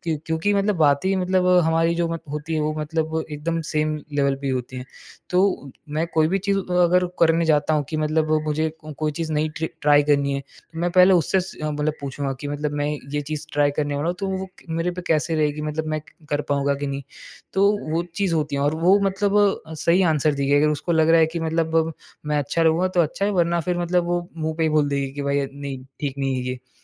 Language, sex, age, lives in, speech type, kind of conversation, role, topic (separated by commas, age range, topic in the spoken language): Hindi, male, 20-24, India, conversation, podcast, guest, Jab tum low feel karte ho, toh apne aap ko kaise sambhalte ho?